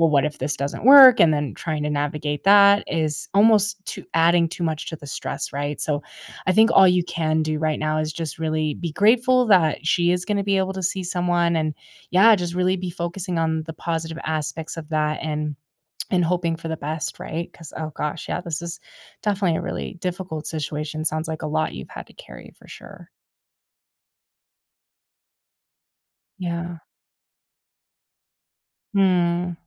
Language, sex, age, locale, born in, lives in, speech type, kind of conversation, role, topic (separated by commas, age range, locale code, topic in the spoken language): English, female, 25-29, en-US, United States, United States, conversation, advice, advisor, How can I manage my daily stress?